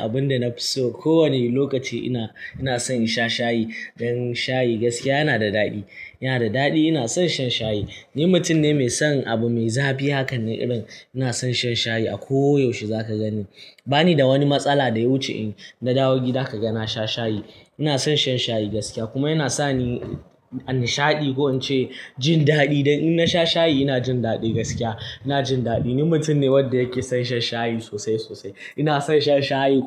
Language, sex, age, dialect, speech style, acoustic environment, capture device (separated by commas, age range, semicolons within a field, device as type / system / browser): Hausa, male, 18-24, Nigeria - Kano, happy, silence, mobile / Linux / Chrome